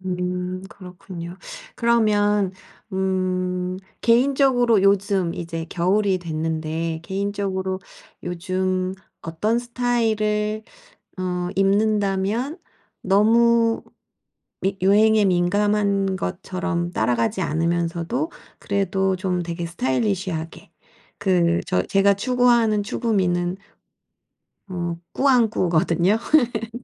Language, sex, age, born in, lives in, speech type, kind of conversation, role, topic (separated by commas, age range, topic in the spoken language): Korean, female, 45-49, South Korea, South Korea, conversation, advice, user, 어떤 패션 스타일이 저에게 가장 잘 어울릴까요?